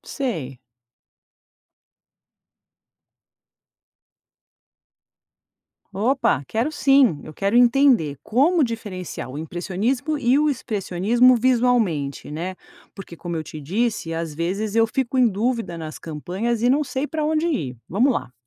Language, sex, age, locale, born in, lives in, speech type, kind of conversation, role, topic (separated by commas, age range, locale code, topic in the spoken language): Portuguese, female, 50-54, pt-BR, Brazil, United States, read, expert-assistant, asker, Como escolher quais artistas e obras estudar primeiro se quero entender melhor a história da arte?